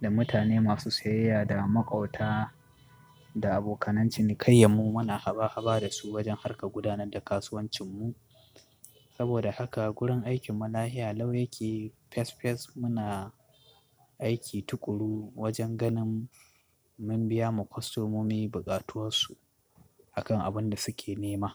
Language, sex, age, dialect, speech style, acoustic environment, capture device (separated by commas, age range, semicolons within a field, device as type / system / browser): Hausa, male, 25-34, Nigeria - Katsina, stressed, appliances, desktop / Linux / Chrome